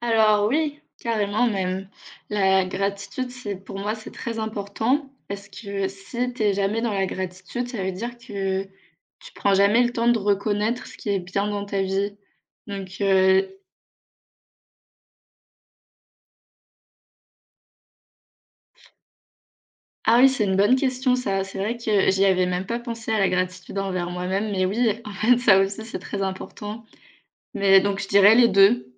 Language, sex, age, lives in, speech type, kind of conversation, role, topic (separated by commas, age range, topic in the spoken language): French, female, 25-29, France, conversation, unstructured, none, Quelle place la gratitude occupe-t-elle dans ta vie ?